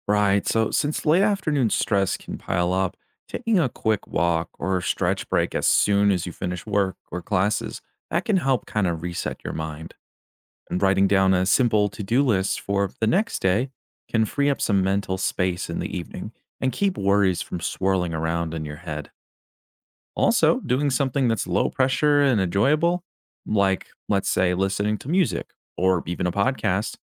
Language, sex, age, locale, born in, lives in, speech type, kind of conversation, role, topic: English, male, 25-29, en-US, United States, United States, read, expert-assistant, expert, What are some ways to support your mental health?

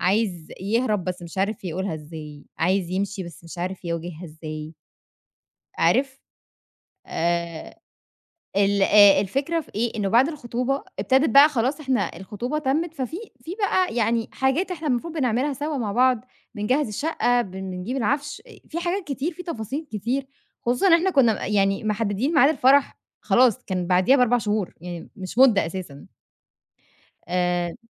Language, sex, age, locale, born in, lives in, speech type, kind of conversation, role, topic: Arabic, female, 25-29, ar-EG, Egypt, Egypt, conversation, podcast, guest, احكيلي عن تجربة علّمتك درس مهم؟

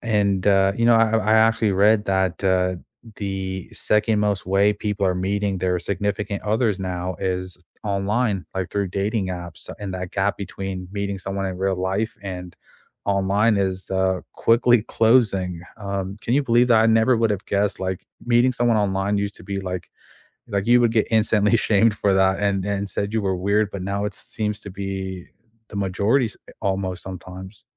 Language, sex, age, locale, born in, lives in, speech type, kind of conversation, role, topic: English, male, 25-29, en-US, United States, United States, conversation, unstructured, none, What is your favorite way to keep in touch, and what makes it feel most meaningful?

